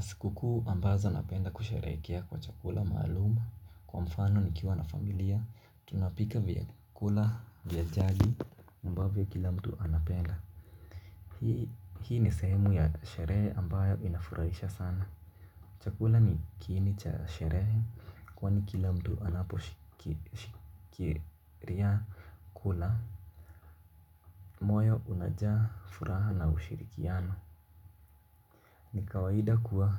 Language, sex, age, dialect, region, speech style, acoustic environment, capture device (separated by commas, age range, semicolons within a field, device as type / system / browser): Swahili, male, 18-24, Kenya - Mombasa, Kenya, relaxed, nature, mobile / Linux / Chrome